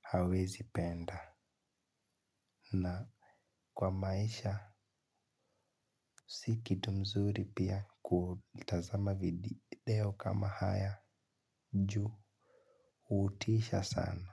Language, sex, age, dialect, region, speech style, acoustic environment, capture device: Swahili, male, 18-24, Kenya - Nairobi Sheng-influenced, Kenya, relaxed, silence; nature, mobile / Linux / Chrome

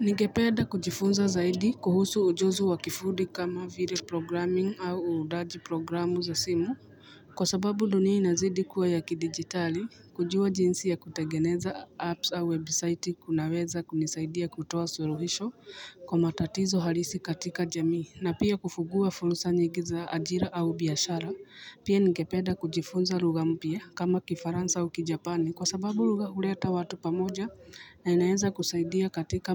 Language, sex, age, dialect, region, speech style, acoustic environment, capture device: Swahili, female, 35-44, Kenya - Nairobi Sheng-influenced, Kenya, nervous, people talking, mobile / Linux / Chrome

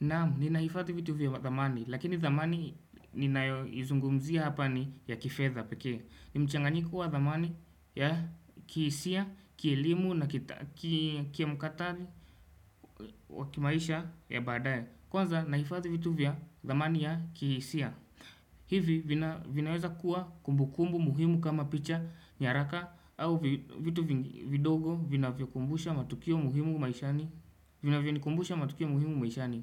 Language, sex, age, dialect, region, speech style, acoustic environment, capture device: Swahili, male, 18-24, Kenya - Nairobi Sheng-influenced, Kenya, happy; anxious; nervous; excited; focused; relaxed, people talking; music; traffic; construction; appliances, desktop / Windows / Chrome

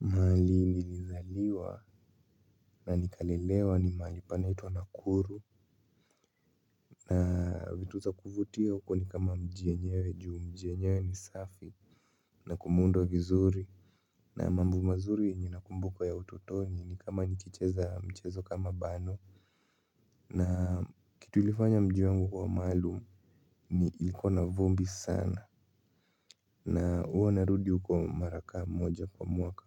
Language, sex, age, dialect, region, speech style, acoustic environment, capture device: Swahili, male, 18-24, Kenya - Nairobi Sheng-influenced, Kenya, relaxed; excited; focused, silence, mobile / Linux / Chrome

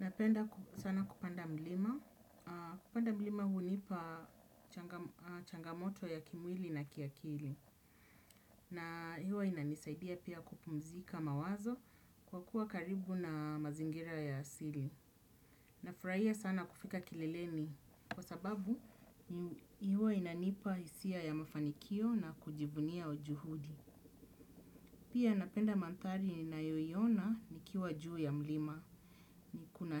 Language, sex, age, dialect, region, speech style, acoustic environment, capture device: Swahili, female, 35-44, Kenya - Nairobi Sheng-influenced, Kenya, happy; relaxed; excited; focused, people talking; nature; silence; appliances, desktop / Windows / Chrome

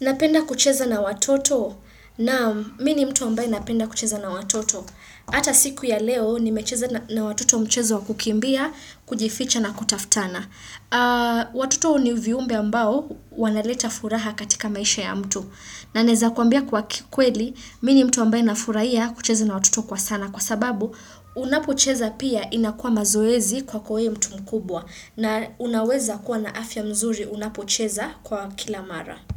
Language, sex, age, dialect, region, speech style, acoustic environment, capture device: Swahili, female, 25-34, Kenya - Nairobi Sheng-influenced, Kenya, happy; excited; focused; stressed, silence, desktop / Windows / Chrome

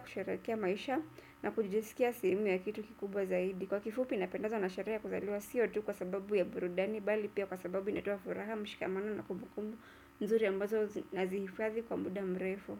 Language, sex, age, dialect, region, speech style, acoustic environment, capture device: Swahili, female, 25-34, Kenya - Nairobi Sheng-influenced, Kenya, relaxed, silence, desktop / Windows / Chrome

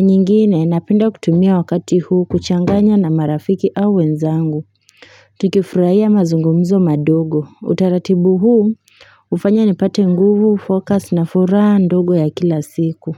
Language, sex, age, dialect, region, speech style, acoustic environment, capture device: Swahili, female, 18-24, Kenya - Nairobi Sheng-influenced, Kenya, anxious, silence, mobile / Linux / Chrome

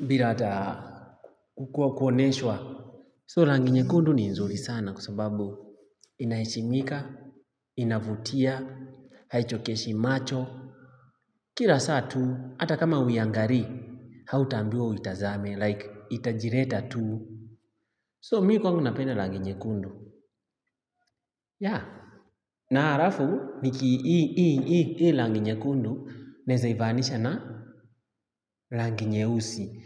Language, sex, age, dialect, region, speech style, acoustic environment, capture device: Swahili, male, 25-34, Kenya - Nairobi Sheng-influenced, Kenya, happy; excited; focused; relaxed, traffic; nature, mobile / Linux / Chrome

